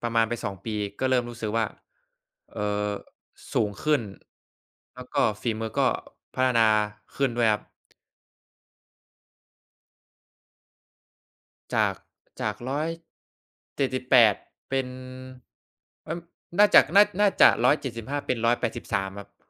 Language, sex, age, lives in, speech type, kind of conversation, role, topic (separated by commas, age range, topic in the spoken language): Thai, male, 20-24, Thailand, conversation, unstructured, none, คุณชอบออกกำลังกายแบบไหนมากที่สุด?